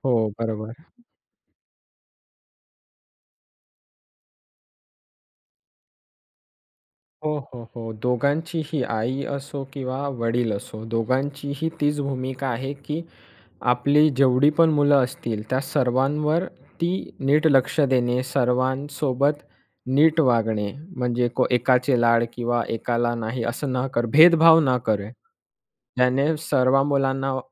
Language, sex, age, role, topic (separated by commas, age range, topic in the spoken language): Marathi, male, 20-24, guest, शाळांमध्ये मानसिक आरोग्यावर लक्ष कसं द्यायला हवं?